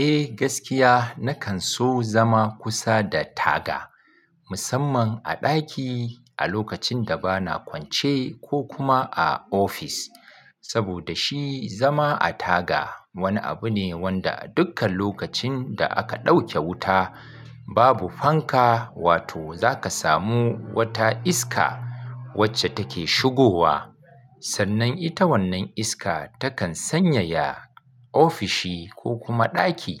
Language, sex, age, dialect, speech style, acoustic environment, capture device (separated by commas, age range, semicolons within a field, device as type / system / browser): Hausa, male, 35-44, Nigeria - Kano, focused, nature, mobile / Linux / Chrome